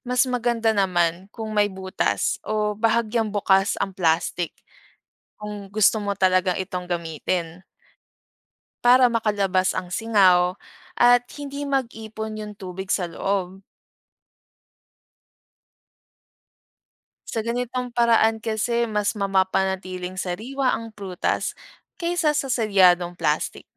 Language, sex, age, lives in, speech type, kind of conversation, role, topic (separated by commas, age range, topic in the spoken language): Filipino, female, 25-29, Philippines, read, expert-assistant, expert, Bakit may mga prutas na mabilis mabulok?